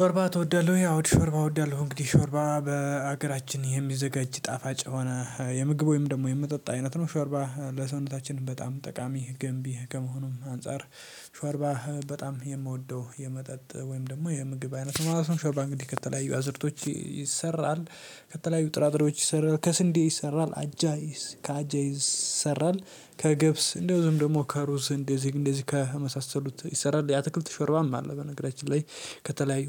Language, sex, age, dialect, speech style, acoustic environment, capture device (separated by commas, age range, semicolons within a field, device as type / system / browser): Amharic, male, 18-24, Ethiopia - Addis Ababa, happy; stressed, nature; silence, mobile / Linux / Chrome